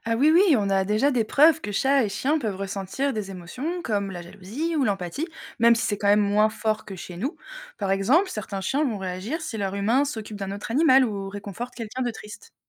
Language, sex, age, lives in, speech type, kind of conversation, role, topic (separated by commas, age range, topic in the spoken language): French, female, 30-34, France, read, expert-assistant, expert, Penses-tu que les animaux ont leur propre façon de voir le monde ? Comment imagines-tu la vie d’un animal familier ?